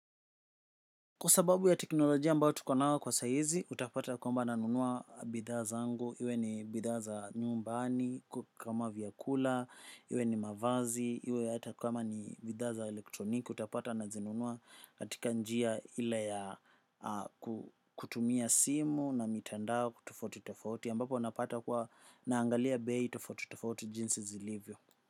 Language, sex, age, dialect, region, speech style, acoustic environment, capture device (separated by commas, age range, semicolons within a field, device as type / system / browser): Swahili, male, 25-34, Kenya - Nairobi Sheng-influenced, Kenya, focused, silence, desktop / macOS / Chrome